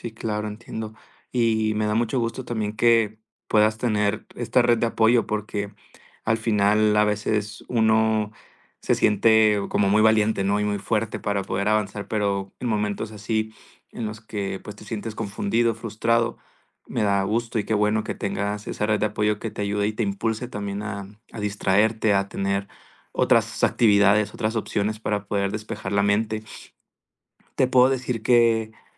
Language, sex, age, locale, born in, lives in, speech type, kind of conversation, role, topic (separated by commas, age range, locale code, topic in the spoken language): Spanish, male, 20-24, es-MX, Mexico, Mexico, conversation, advice, advisor, ¿Cómo puedo mantener una amistad con mi ex mientras intento sanar?